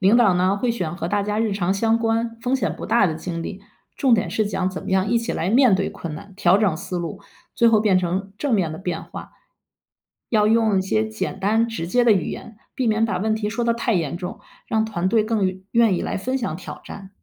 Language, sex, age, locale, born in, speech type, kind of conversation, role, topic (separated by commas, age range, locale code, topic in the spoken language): Chinese, female, 50-54, zh-CN, China, read, expert-assistant, expert, 想知道那些成功的企业是怎么想出新点子的，平时大家都喜欢看哪些故事或访谈？